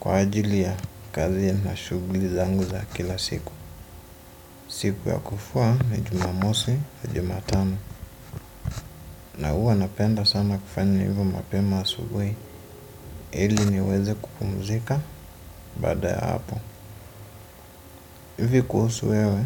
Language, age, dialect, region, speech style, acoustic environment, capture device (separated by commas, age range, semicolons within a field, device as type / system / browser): Swahili, 25-34, Kenya - Nairobi Sheng-influenced, Kenya, relaxed, silence, desktop / Windows / Chrome